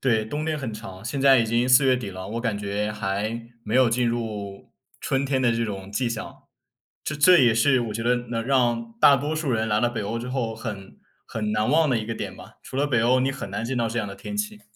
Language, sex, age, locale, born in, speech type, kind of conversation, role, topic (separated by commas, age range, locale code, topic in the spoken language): Chinese, male, 20-24, zh-CN, China, conversation, unstructured, none, 你最近有没有遇到什么让你特别开心或难忘的事情？